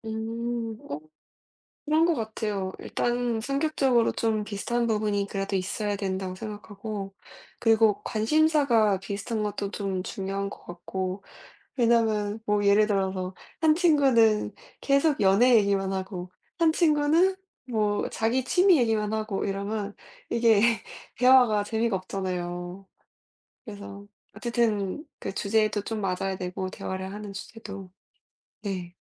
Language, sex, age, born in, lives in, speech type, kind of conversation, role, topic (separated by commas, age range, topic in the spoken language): Korean, female, 20-24, South Korea, United States, conversation, unstructured, none, 친구와 좋은 관계를 오래 유지하려면 무엇이 가장 중요하다고 생각하시나요?